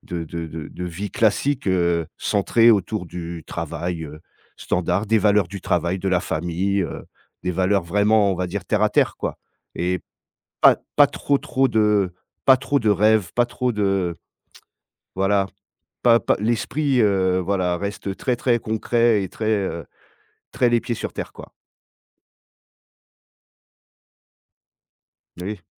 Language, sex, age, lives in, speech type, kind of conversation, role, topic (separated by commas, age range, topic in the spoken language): French, male, 40-44, France, conversation, advice, user, Comment puis-je prioriser lorsque j’ai trop d’objectifs en même temps ?